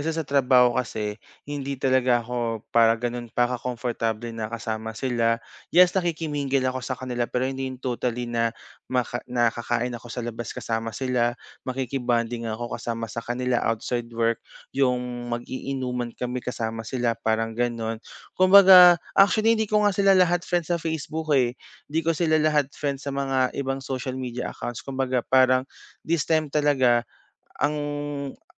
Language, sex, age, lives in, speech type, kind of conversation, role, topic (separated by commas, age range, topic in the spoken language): Filipino, male, 25-29, Philippines, conversation, advice, user, Paano ako magtatakda ng hangganan sa pakikisalamuha ko?